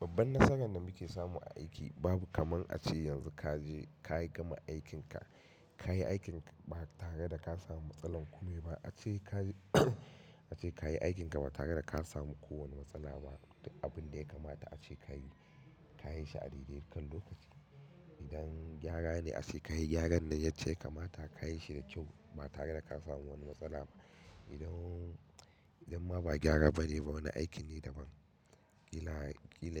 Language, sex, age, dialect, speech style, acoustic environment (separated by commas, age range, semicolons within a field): Hausa, male, 25-34, Nigeria - Katsina, happy, silence; nature